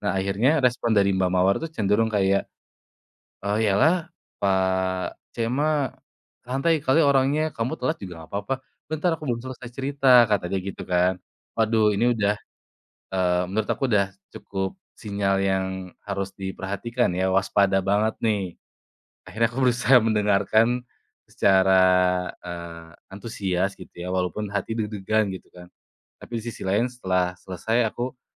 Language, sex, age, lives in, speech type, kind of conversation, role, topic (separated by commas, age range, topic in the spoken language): Indonesian, male, 25-29, Indonesia, conversation, podcast, guest, Bagaimana cara menentukan batas antara mentor dan teman?